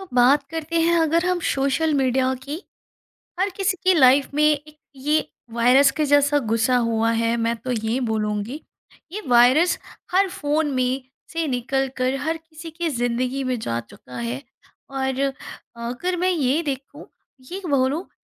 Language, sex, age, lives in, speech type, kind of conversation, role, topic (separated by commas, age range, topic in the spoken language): Hindi, female, 35-39, India, conversation, podcast, host, Kya social media ne tumhari boli ko badal diya hai, aur kaise?